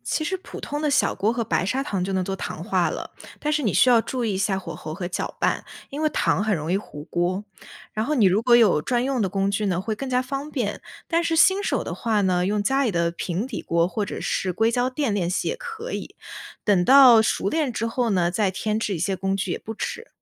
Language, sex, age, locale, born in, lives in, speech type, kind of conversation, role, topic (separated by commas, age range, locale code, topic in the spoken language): Chinese, female, 20-24, zh-CN, China, United States, read, expert-assistant, expert, 想更好地体验和学习中国的民间艺术，比如剪纸或者糖画，有哪些简单又有趣的方法可以试试？